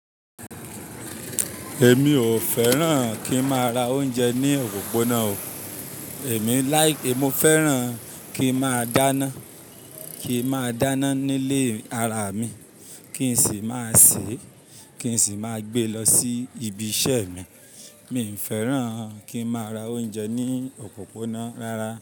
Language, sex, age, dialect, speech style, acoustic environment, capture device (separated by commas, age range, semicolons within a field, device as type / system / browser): Yoruba, male, 25-34, Nigeria - Ibadan, happy; anxious; nervous; tired, people talking; construction; traffic; silence; nature; appliances; music, mobile / Linux / Chrome